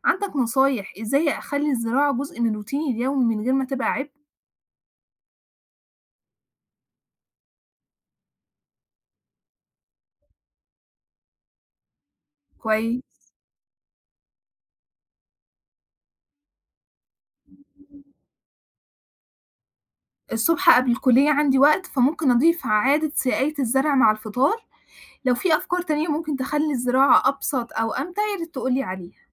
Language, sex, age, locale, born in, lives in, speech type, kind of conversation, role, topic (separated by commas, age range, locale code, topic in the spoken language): Arabic, female, 25-29, ar-EG, Egypt, Egypt, read, expert-assistant, asker, إزاي الزراعة المستدامة ممكن تأثر على صحتنا النفسية والجسدية، وإزاي أقدر أدمجها في روتيني اليومي عشان أعيش حياة أكتر توازن؟